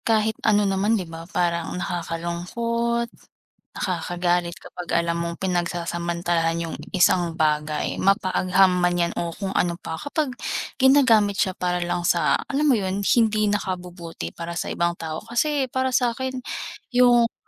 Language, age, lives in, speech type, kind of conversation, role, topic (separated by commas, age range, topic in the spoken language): Filipino, 20-24, Philippines, conversation, unstructured, none, Ano ang nararamdaman mo kapag nalalaman mong pinagsasamantalahan ang agham para sa masasamang gawain?